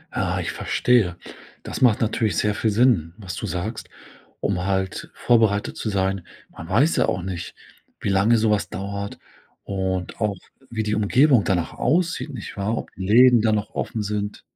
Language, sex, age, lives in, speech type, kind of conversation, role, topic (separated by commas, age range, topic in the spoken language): German, male, 40-44, Germany, conversation, podcast, host, Wie beeinflusst der Klimawandel deinen Alltag?